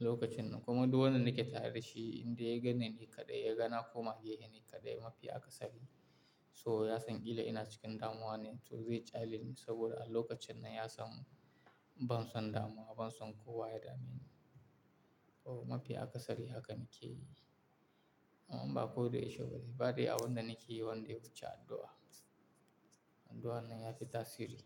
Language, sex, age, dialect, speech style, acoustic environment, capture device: Hausa, male, 18-24, Nigeria - Kano, tired, appliances, mobile / Linux / Chrome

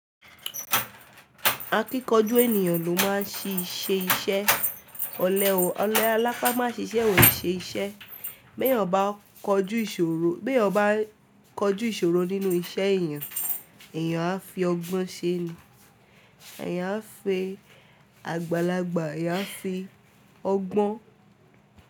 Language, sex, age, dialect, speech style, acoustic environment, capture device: Yoruba, female, 18-24, Nigeria - Ibadan, focused, silence, mobile / Linux / Chrome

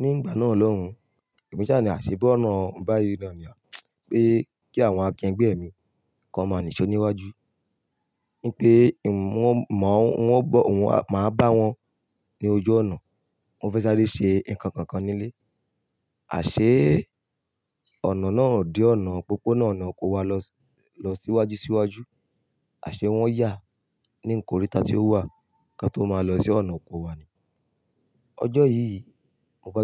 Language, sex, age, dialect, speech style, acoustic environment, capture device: Yoruba, male, 25-34, Nigeria - Ibadan, happy, music, mobile / Linux / Chrome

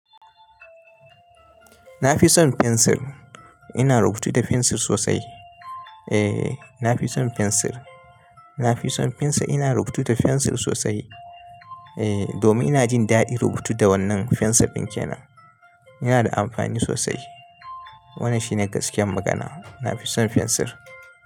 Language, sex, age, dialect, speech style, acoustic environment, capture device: Hausa, male, 25-34, Nigeria - Kano, happy, music, mobile / Linux / Chrome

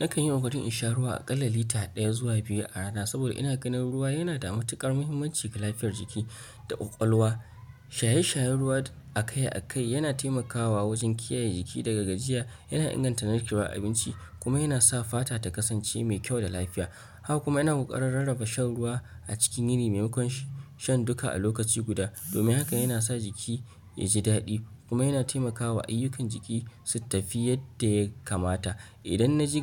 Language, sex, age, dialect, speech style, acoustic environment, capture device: Hausa, male, 25-34, Nigeria - Nigerian Standard, excited; focused, nature; appliances, mobile / Linux / Chrome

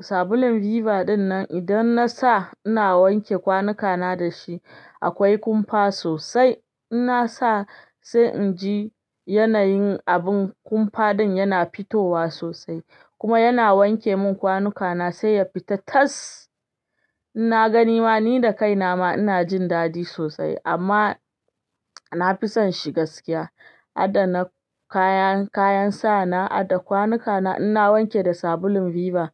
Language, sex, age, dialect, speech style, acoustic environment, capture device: Hausa, female, 25-34, Nigeria - Ibadan, excited; happy, silence, desktop / Linux / Chrome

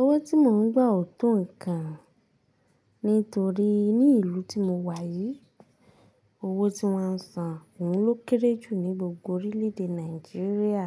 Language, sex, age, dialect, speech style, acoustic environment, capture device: Yoruba, female, 25-34, Nigeria - Ibadan, relaxed, silence, mobile / Linux / Chrome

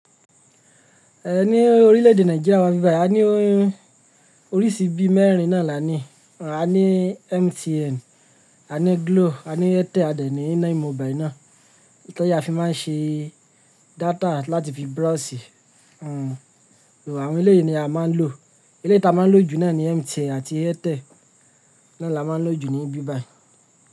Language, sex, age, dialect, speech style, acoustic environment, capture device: Yoruba, male, 25-34, Nigeria - Lagos Yoruba, happy; relaxed; focused; excited, silence, mobile / Linux / Chrome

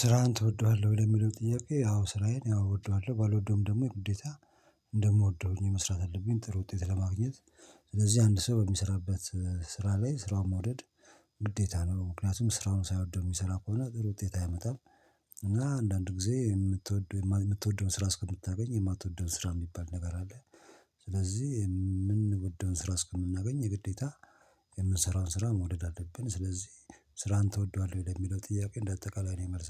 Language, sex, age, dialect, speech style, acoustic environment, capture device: Amharic, male, 45-54, Ethiopia - Addis Ababa, focused, silence, mobile / Linux / Chrome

